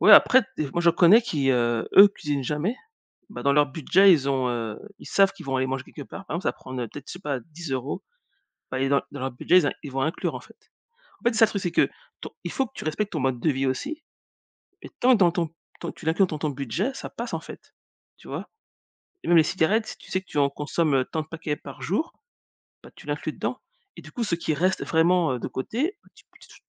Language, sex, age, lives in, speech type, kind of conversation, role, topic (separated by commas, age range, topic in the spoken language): French, female, 40-44, United States, conversation, unstructured, none, Comment économiser de l’argent facilement chaque mois ?